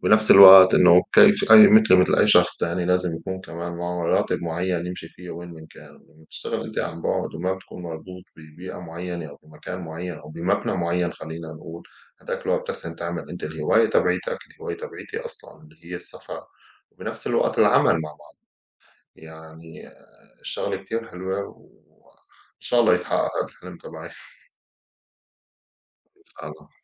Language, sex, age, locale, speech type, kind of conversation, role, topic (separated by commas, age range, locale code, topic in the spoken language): Arabic, male, 35-39, ar-SY, conversation, unstructured, none, هل إنت شايف إن الشغل عن بُعد مفيد ولا مضر؟